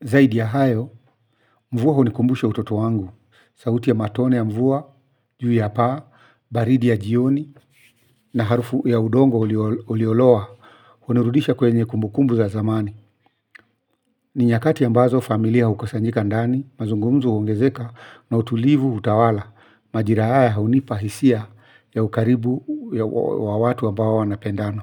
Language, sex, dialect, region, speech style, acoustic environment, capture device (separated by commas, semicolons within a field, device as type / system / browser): Swahili, male, Kenya - Nairobi Sheng-influenced, Kenya, excited; focused; relaxed, silence, mobile / Linux / Chrome